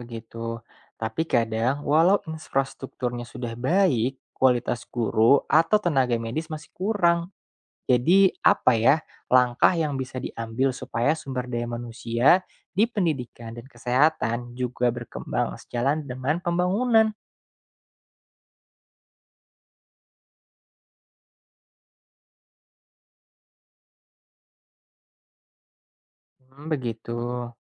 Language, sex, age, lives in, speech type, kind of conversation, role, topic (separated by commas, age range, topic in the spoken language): Indonesian, male, 25-29, Indonesia, read, expert-assistant, asker, Ada anggapan bahwa letak geografis menentukan kemajuan ekonomi suatu daerah. Sebenarnya, seberapa besar pengaruh lokasi dibanding faktor lainnya?